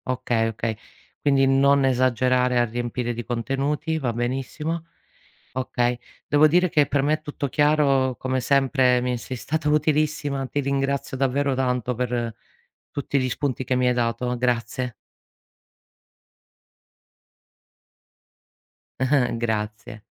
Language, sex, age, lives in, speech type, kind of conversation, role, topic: Italian, female, 40-44, Italy, read, expert-assistant, asker, Come si prepara una presentazione orale efficace per la scuola?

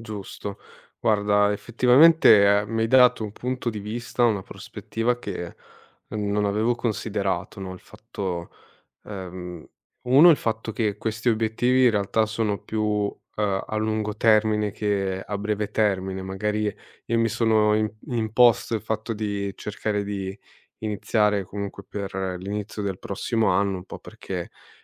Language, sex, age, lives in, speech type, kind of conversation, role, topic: Italian, male, 30-34, Italy, conversation, advice, user, Come posso stabilire le priorità quando ho troppi obiettivi contemporaneamente?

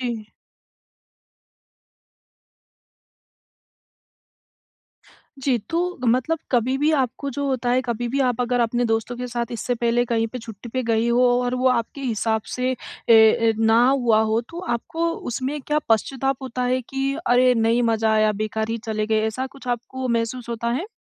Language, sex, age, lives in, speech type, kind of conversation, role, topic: Hindi, female, 20-24, India, conversation, advice, advisor, सीमित छुट्टियों के समय का सबसे अच्छा उपयोग मैं कैसे करूँ?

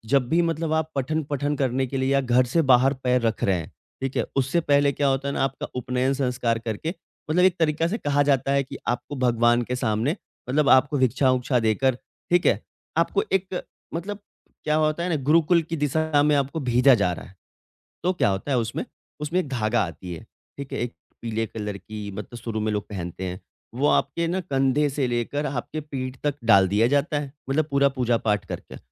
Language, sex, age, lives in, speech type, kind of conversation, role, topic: Hindi, male, 25-29, India, conversation, podcast, guest, Aapke parivaar ki kaunsi purani rasm hai?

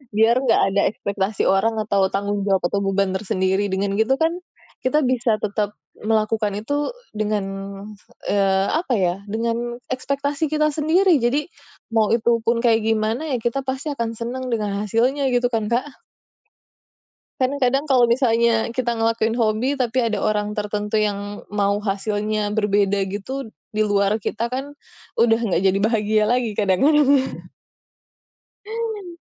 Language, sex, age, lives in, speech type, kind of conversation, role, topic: Indonesian, female, 30-34, Indonesia, conversation, unstructured, none, Apa pengalaman paling menyenangkan yang pernah kamu dapatkan dari hobimu?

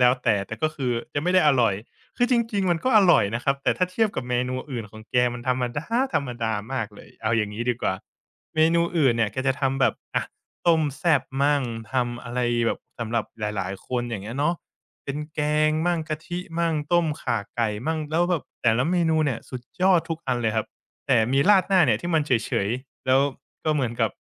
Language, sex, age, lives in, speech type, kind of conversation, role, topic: Thai, male, 25-29, Thailand, conversation, podcast, guest, คุณมีความทรงจำที่ประทับใจเกี่ยวกับมื้ออาหารรวมญาติครั้งไหนบ้าง?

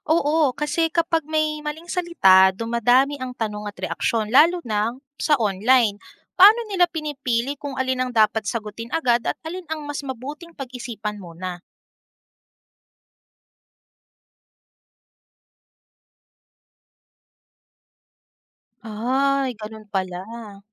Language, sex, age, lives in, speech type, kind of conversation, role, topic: Filipino, female, 30-34, Philippines, read, expert-assistant, asker, Paano mo ipapaliwanag ang public relations sa isang kaibigan na hindi nagtatrabaho sa media o negosyo?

